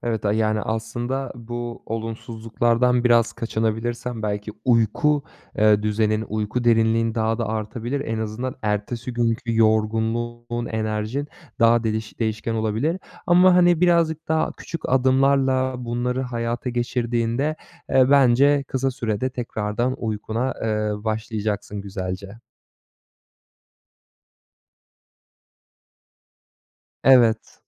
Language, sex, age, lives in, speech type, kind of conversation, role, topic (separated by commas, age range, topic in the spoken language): Turkish, male, 25-29, Germany, conversation, advice, advisor, Tutarlı bir yatma zamanı alışkanlığı oluşturmak için nereden başlamalıyım?